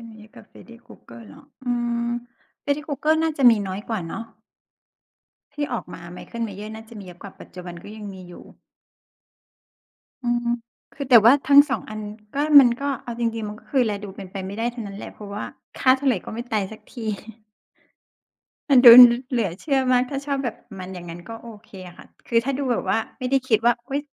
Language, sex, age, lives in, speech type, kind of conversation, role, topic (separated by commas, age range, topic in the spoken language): Thai, female, 40-44, Thailand, conversation, unstructured, none, ทำไมคนบางคนถึงโกรธเมื่อมีคนวิจารณ์งานอดิเรกของเขา?